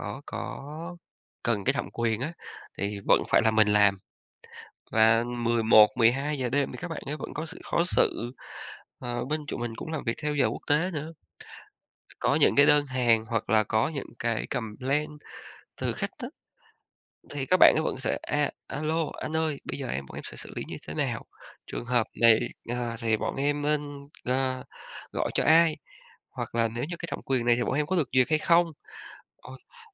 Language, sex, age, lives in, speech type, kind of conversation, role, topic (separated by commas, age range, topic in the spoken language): Vietnamese, male, 35-39, Vietnam, conversation, podcast, guest, Làm thế nào để giữ ranh giới giữa công việc và đời sống riêng tư?